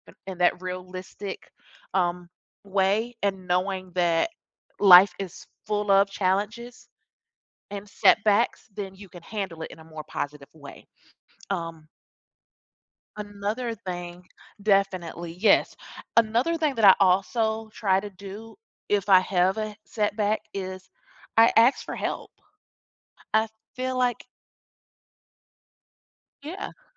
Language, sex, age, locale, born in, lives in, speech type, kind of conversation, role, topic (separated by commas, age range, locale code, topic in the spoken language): English, female, 40-44, en-US, United States, United States, conversation, podcast, guest, What helps you stay motivated and resilient when working toward your goals?